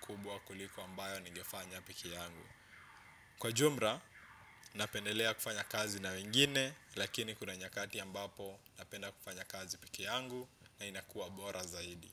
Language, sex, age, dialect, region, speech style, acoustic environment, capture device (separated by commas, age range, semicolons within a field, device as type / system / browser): Swahili, male, 25-34, Kenya - Nairobi Sheng-influenced, Kenya, focused, appliances, desktop / Windows / Chrome